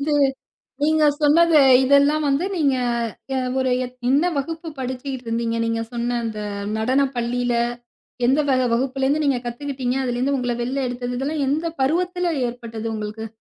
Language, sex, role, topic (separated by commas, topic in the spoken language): Tamil, female, host, தோல்வி ஏற்பட்டால் அதை படைப்பாற்றலுக்கான ஆற்றலாக மாற்ற முடியுமா? எப்படி?